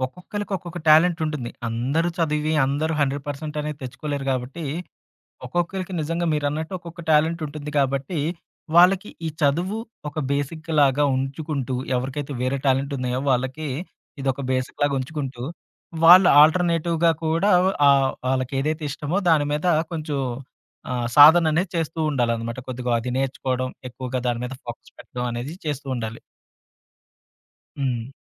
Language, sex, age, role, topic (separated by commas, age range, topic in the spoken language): Telugu, male, 30-34, host, ఫోకస్‌లోకి రావడానికి మీకు ప్రత్యేకంగా చేసే చిన్న అలవాటు ఏమైనా ఉందా?